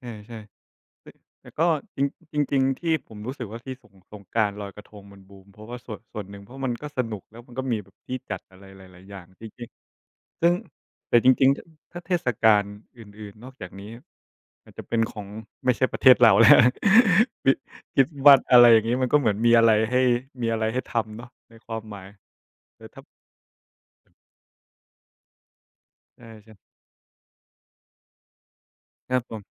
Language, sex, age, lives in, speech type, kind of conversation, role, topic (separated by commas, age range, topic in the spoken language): Thai, male, 25-29, Thailand, conversation, unstructured, none, คุณคิดว่าเทศกาลและประเพณีมีความสำคัญต่อสังคมอย่างไร?